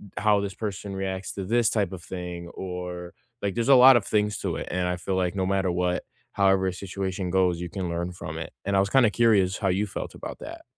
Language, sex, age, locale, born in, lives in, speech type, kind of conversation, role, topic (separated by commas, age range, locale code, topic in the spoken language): English, male, 18-19, en-US, United States, United States, conversation, unstructured, none, How can we respect different opinions during a discussion?